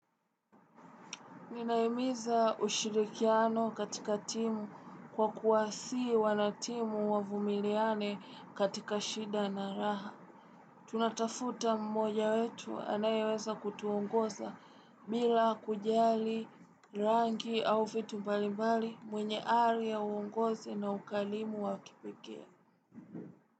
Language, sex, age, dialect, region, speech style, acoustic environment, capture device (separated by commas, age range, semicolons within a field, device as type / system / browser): Swahili, female, 18-24, Tanzania - Dar es Salaam, Tanzania, relaxed, silence; appliances, desktop / Windows / Chrome